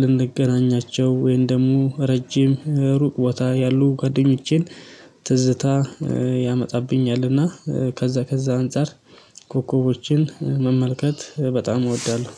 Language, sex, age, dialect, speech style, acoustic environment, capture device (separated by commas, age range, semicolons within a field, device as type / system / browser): Amharic, male, 25-34, Ethiopia - Addis Ababa, excited; happy, silence, mobile / Linux / Chrome